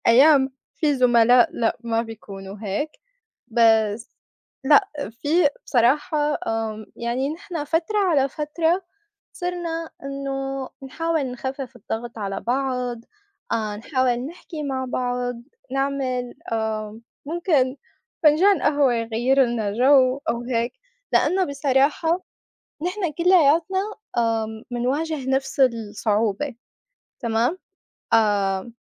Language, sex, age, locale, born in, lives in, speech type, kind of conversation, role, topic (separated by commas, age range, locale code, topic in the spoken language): Arabic, female, 25-29, ar-SY, Saudi Arabia, United States, conversation, unstructured, none, إزاي بتتعامل مع ضغوط يوم الشغل؟